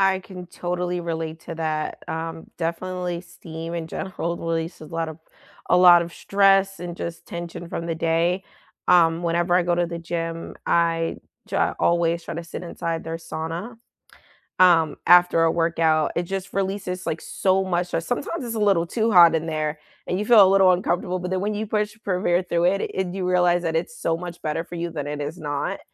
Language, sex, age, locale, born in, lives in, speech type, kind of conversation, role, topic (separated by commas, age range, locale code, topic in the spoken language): English, female, 25-29, en-US, United States, United States, conversation, unstructured, none, What small daily habits make your life feel better, and how can we encourage each other to stick with them?